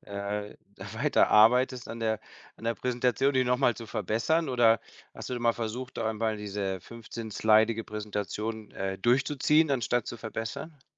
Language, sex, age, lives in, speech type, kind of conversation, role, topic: German, male, 50-54, Spain, conversation, advice, advisor, Wie blockiert dich Perfektionismus bei deiner Arbeit oder deinen Projekten?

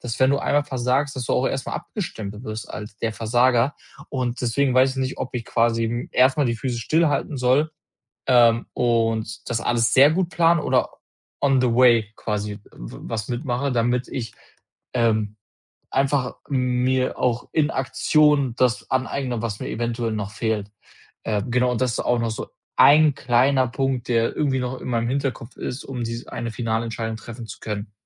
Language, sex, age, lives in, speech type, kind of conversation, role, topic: German, male, 25-29, Germany, conversation, advice, user, Soll ich ein eigenes Unternehmen gründen oder in meiner sicheren Anstellung bleiben?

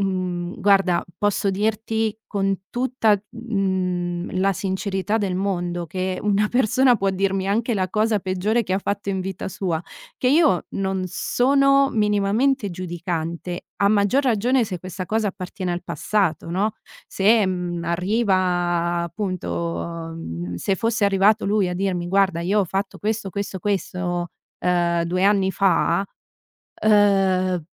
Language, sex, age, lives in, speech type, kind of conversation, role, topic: Italian, female, 30-34, Italy, conversation, advice, user, Come posso tornare a fidarmi dopo una bugia o un tradimento passato?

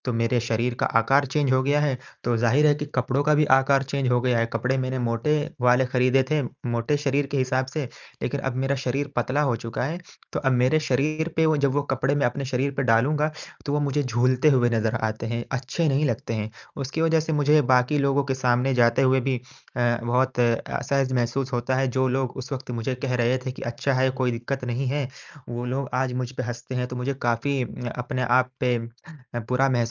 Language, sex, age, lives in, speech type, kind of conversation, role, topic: Hindi, male, 20-24, India, conversation, advice, user, जब कपड़ों का फिट समझना मुश्किल लगे, तो सही आकार कैसे चुनूं?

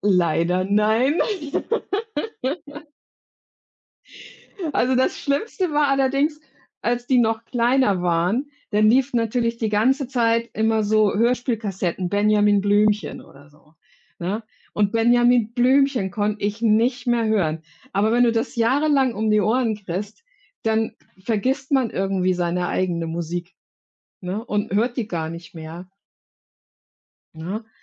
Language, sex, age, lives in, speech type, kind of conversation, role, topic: German, female, 55-59, Germany, conversation, unstructured, none, Wie beeinflusst Musik deine Stimmung im Alltag?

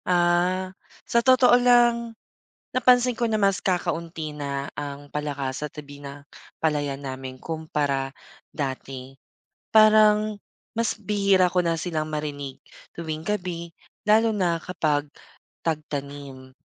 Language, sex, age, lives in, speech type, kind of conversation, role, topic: Filipino, male, 25-29, Philippines, read, expert-assistant, asker, Paano nakakatulong ang mga palaka sa kontrol ng peste sa bukid?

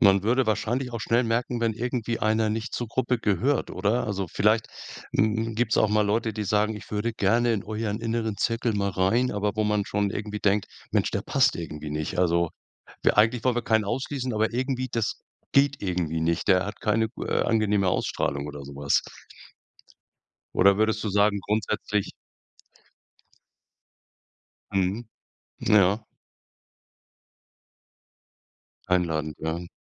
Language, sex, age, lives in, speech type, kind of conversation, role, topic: German, male, 65-69, Germany, conversation, podcast, host, Was bedeutet Gemeinschaft für dich wirklich?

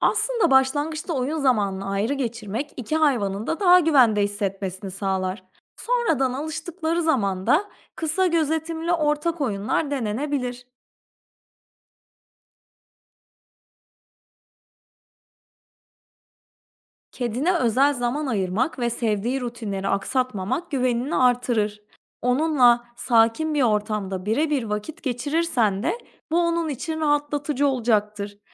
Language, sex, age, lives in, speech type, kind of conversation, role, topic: Turkish, female, 30-34, Portugal, read, expert-assistant, expert, Yeni bir evcil hayvan aileye katıldığında, mevcut hayvanlarla yaşanan uyum sıkıntılarını nasıl çözebilirim?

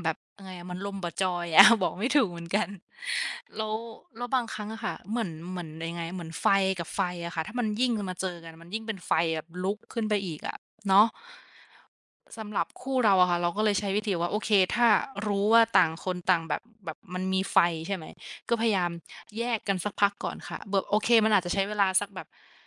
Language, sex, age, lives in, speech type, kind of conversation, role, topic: Thai, female, 25-29, Thailand, conversation, unstructured, none, การสื่อสารที่ดีในความรักควรเป็นอย่างไร?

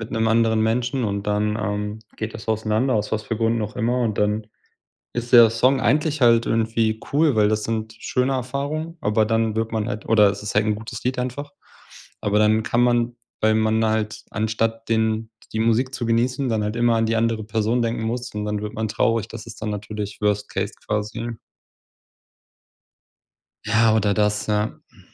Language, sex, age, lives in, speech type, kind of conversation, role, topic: German, male, 30-34, Germany, conversation, unstructured, none, Welche Musik weckt bei dir sofort Erinnerungen?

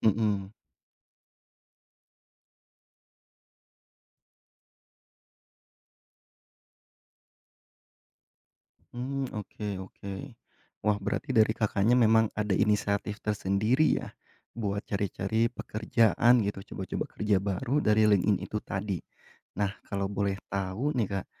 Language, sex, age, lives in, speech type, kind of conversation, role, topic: Indonesian, male, 30-34, Indonesia, conversation, podcast, host, Bagaimana cara mencoba pekerjaan baru tanpa harus berhenti dari pekerjaan saat ini?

